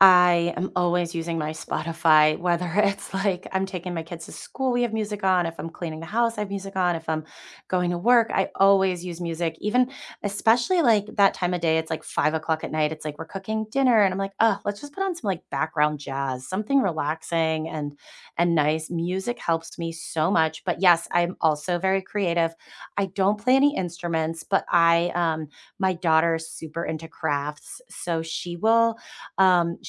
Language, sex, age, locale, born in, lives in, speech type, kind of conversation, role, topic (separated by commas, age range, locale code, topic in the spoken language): English, female, 35-39, en-US, United States, United States, conversation, unstructured, none, What helps you feel calm when you’re stressed?